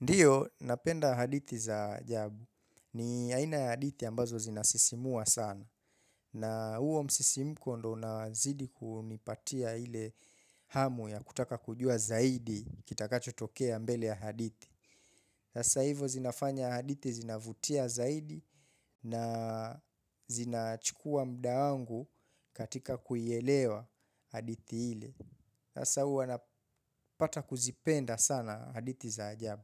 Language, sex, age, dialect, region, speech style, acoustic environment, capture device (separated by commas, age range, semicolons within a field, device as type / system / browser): Swahili, male, 25-34, Kenya - Mombasa, Kenya, focused, nature, mobile / Linux / Chrome